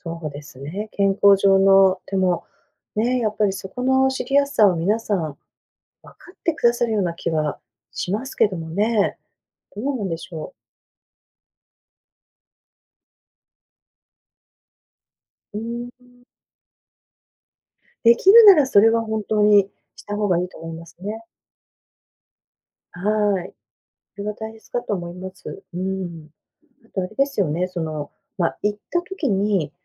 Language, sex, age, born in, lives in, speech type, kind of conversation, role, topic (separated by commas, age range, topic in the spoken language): Japanese, female, 55-59, Japan, United States, conversation, advice, advisor, 飲み会や付き合いの誘いを断れないとき、どうすれば上手に断れますか？